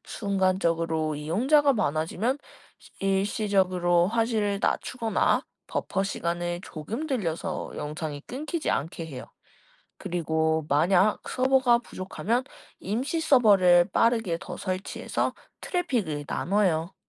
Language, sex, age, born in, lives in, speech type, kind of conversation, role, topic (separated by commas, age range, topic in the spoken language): Korean, female, 20-24, South Korea, Japan, read, expert-assistant, expert, 온라인 동영상은 어떻게 빠르게 재생되나요?